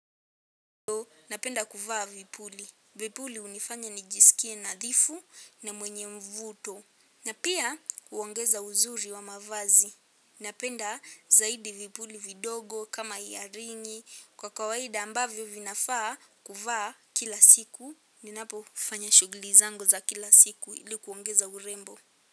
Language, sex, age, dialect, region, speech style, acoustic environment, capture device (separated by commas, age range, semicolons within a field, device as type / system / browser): Swahili, female, 18-24, Kenya - Nairobi Sheng-influenced, Kenya, happy, silence, mobile / Linux / Chrome